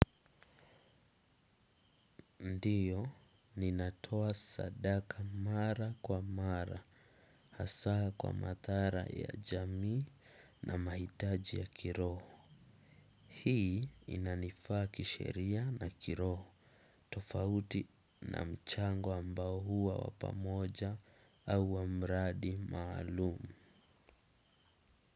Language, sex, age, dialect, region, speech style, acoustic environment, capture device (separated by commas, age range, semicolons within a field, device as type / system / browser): Swahili, male, 18-24, Kenya - Mombasa, Kenya, relaxed, silence, desktop / Windows / Chrome